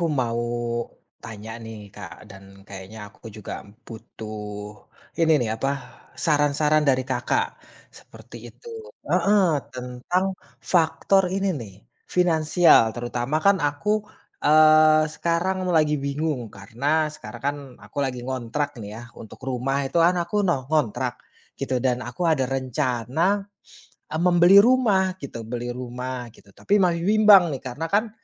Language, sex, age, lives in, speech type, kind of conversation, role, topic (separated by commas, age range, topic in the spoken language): Indonesian, male, 30-34, Indonesia, conversation, advice, user, Apakah Anda sebaiknya membeli rumah sekarang atau tetap menyewa karena ketidakpastian finansial?